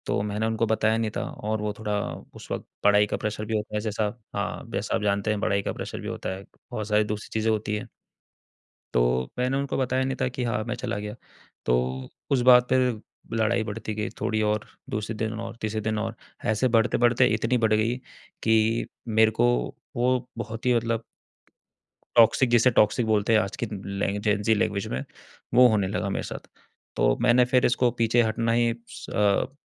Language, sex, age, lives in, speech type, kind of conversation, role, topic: Hindi, male, 25-29, India, conversation, advice, user, ब्रेकअप के बाद भावनात्मक रूप से स्वतंत्र होकर आत्म-दया कैसे विकसित करूँ?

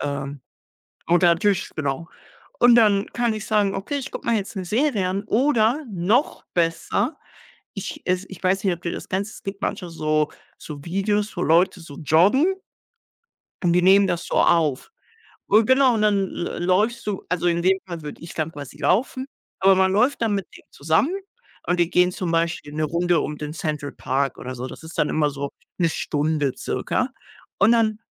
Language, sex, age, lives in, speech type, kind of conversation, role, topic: German, male, 18-19, Germany, conversation, unstructured, none, Wie beeinflusst Sport deine Stimmung?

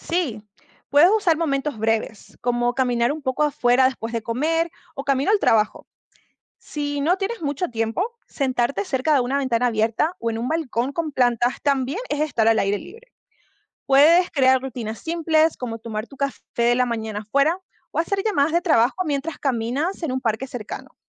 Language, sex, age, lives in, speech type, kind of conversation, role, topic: Spanish, female, 25-29, United States, read, expert-assistant, expert, ¿Qué beneficios tiene pasar tiempo al aire libre?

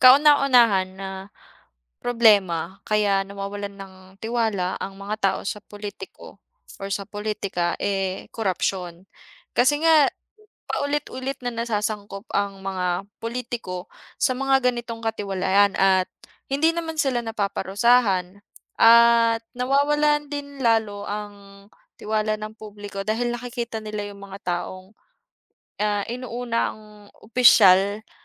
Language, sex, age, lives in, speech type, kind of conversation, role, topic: Filipino, female, 25-29, Philippines, conversation, unstructured, none, Bakit may mga taong nawawalan ng tiwala sa politika?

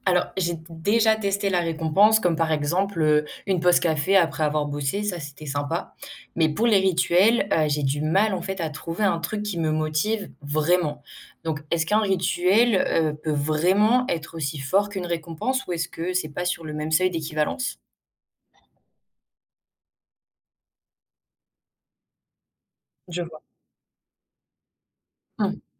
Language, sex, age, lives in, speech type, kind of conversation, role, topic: French, female, 18-19, France, read, expert-assistant, asker, Comment utiliser ce qu’on sait sur les habitudes pour planifier un objectif et vraiment s’y tenir ?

